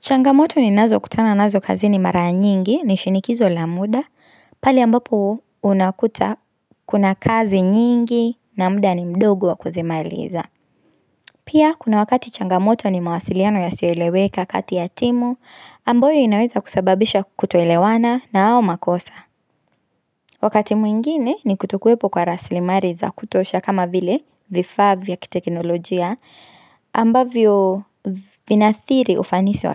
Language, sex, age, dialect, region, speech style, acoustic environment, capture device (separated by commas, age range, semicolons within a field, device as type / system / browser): Swahili, female, 25-34, Kenya - Nairobi Sheng-influenced, Kenya, happy; relaxed, nature; silence, mobile / Linux / Chrome